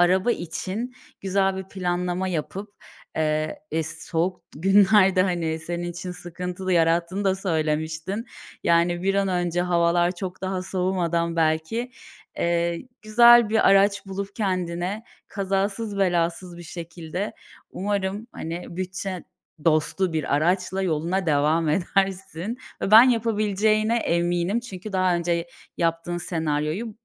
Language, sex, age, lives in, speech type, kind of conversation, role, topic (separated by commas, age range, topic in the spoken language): Turkish, female, 30-34, Bulgaria, conversation, advice, advisor, Büyük bir harcama için nasıl birikim yapacağımı nasıl netleştirebilirim?